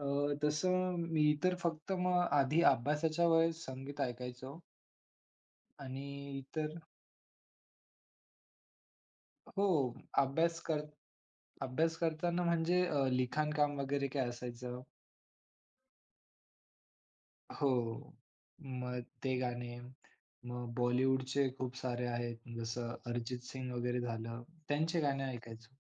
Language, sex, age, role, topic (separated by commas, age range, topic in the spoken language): Marathi, male, 20-24, guest, संगीत ऐकल्यामुळे तुमचा एकाग्रपणा वाढतो का, आणि तो कसा वाढतो?